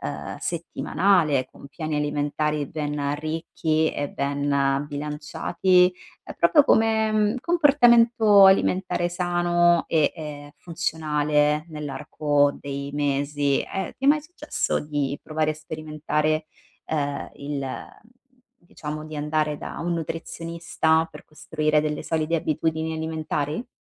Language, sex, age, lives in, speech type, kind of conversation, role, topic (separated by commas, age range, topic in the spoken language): Italian, female, 35-39, Italy, conversation, advice, advisor, Come posso ridurre gli sprechi per risparmiare sulla spesa?